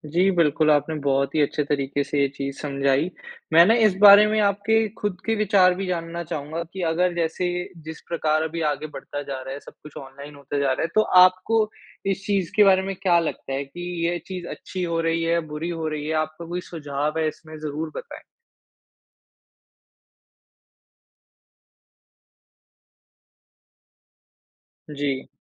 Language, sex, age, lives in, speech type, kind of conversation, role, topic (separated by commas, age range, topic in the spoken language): Hindi, male, 55-59, India, conversation, podcast, host, ऑनलाइन पढ़ाई ने आपके नजरिए को कैसे बदला?